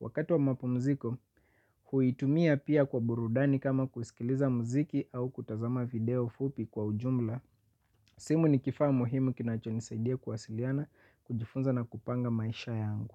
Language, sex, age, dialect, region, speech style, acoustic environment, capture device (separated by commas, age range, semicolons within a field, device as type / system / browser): Swahili, male, 25-34, Kenya - Mombasa, Kenya, happy, nature; silence, mobile / Linux / Chrome